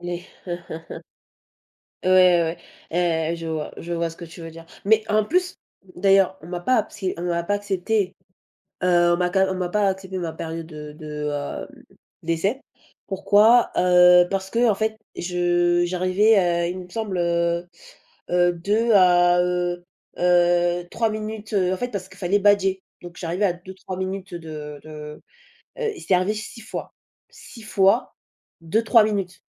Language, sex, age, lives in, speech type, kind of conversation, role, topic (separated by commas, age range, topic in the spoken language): French, female, 20-24, France, conversation, unstructured, none, Qu’est-ce qui te frustre le plus au travail ou à l’école ?